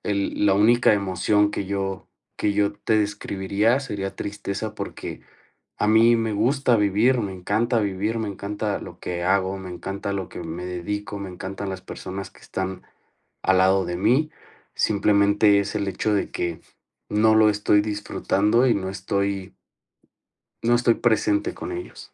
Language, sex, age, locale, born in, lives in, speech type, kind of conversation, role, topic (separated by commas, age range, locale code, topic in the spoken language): Spanish, male, 30-34, es-MX, Mexico, Mexico, conversation, advice, user, ¿Cómo puedo aclarar cuáles son mis valores personales?